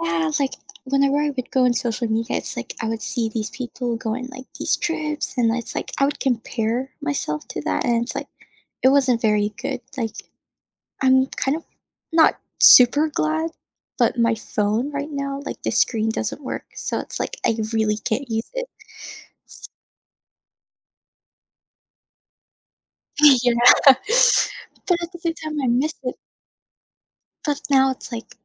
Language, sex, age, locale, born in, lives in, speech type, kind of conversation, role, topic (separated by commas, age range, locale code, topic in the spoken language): English, female, 20-24, en-US, Philippines, United States, conversation, advice, user, How can I find meaningful ways to unwind?